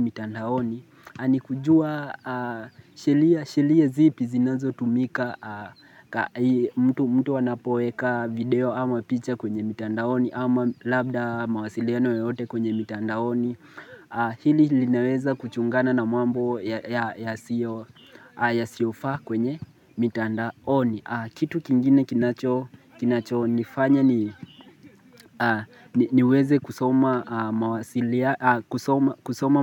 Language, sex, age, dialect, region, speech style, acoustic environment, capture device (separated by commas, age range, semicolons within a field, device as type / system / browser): Swahili, male, 18-24, Kenya - Mombasa, Kenya, relaxed, nature, mobile / Linux / Chrome